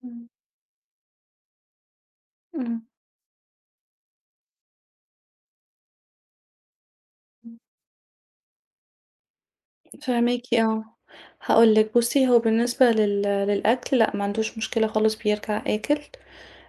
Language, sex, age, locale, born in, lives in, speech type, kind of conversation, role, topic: Arabic, female, 35-39, ar-EG, Egypt, Egypt, conversation, advice, user, إزاي أتعامل مع قلق طفلي أو صعوبة اندماجه في المدرسة الجديدة؟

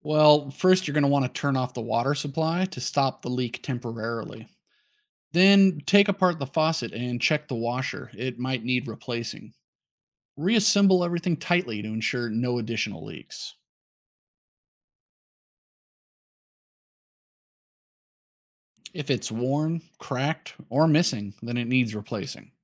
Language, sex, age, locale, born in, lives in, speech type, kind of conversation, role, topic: English, male, 35-39, en-US, United States, United States, read, expert-assistant, expert, What basic tools are needed for home repairs?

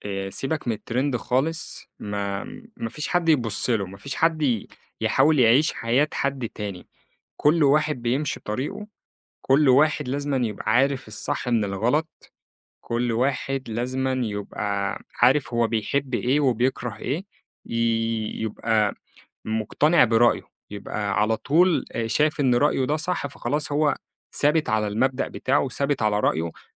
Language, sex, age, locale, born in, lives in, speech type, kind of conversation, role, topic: Arabic, male, 25-29, ar-EG, Egypt, Egypt, conversation, podcast, guest, إيه رأيك في ثقافة المشاهير وتأثيرها علينا؟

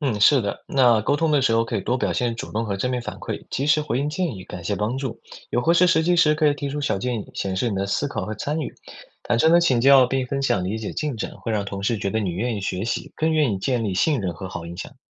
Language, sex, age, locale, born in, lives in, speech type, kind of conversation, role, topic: Chinese, male, 20-24, zh-CN, China, United States, read, expert-assistant, expert, 面对多个公务员考试职位，怎么判断哪个更值得优先申请？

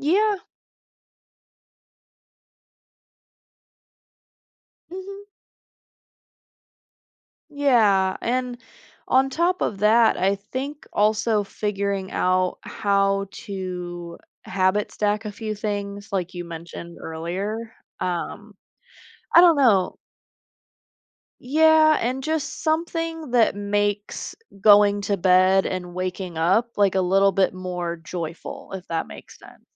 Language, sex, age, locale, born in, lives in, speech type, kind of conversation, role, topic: English, female, 25-29, en-US, United States, United States, conversation, advice, user, How can I build better daily productivity habits?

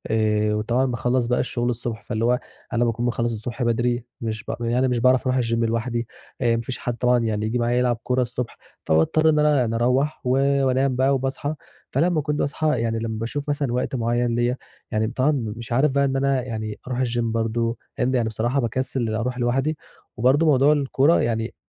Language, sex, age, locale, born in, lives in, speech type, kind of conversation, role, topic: Arabic, male, 20-24, ar-EG, Egypt, Egypt, conversation, advice, user, ازاي أقدر أكمّل تمرين لما جدول يومي يتغيّر؟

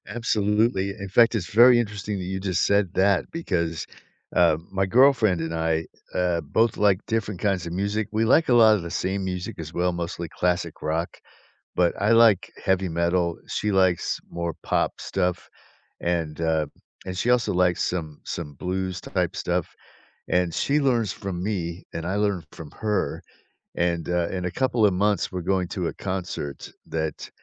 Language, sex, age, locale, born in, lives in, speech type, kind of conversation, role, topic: English, male, 60-64, en-US, United States, United States, conversation, unstructured, none, Which everyday moments and small habits help you feel genuinely connected to people you see regularly?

